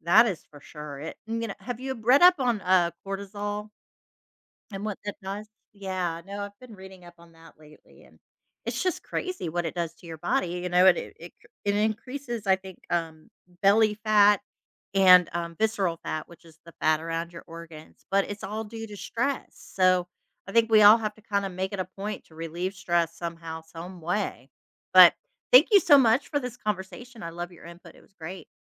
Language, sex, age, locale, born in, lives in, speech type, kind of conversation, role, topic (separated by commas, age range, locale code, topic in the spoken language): English, female, 50-54, en-US, United States, United States, conversation, unstructured, none, What helps you relax after a stressful day?